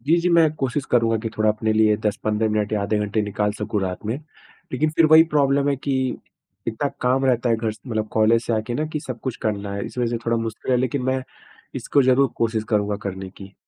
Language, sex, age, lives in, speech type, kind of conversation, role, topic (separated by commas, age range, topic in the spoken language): Hindi, male, 25-29, India, conversation, advice, user, रात में बार-बार जागने और सुबह थका हुआ महसूस होने का क्या कारण हो सकता है?